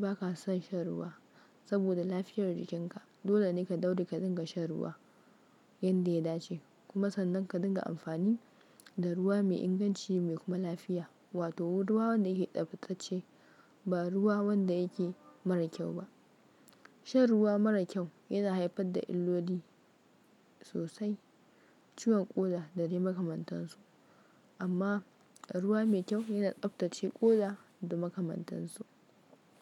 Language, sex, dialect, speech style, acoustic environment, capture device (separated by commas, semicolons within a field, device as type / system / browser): Hausa, female, Nigeria - Kano, focused, nature, mobile / Linux / Chrome